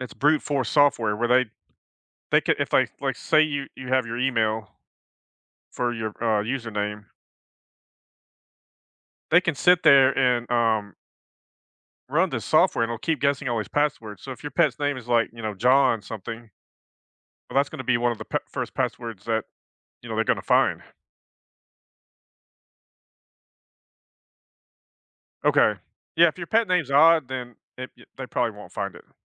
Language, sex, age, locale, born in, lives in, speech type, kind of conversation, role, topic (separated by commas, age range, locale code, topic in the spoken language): English, male, 50-54, en-US, United States, United States, conversation, advice, advisor, What steps can I take to secure my smartphone against hackers and malware?